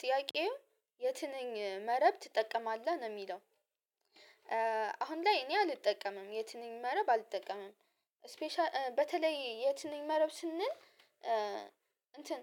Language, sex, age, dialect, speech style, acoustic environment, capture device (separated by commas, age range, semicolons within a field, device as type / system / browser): Amharic, female, 18-24, Ethiopia - Addis Ababa, focused, silence, mobile / Linux / Chrome